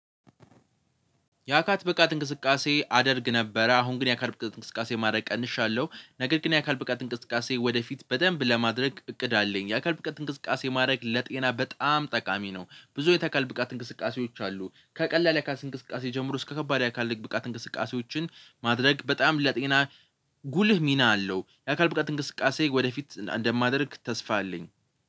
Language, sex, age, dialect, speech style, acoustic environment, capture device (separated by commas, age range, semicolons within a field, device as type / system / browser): Amharic, male, 18-24, Ethiopia - Addis Ababa, focused, nature, mobile / Linux / Chrome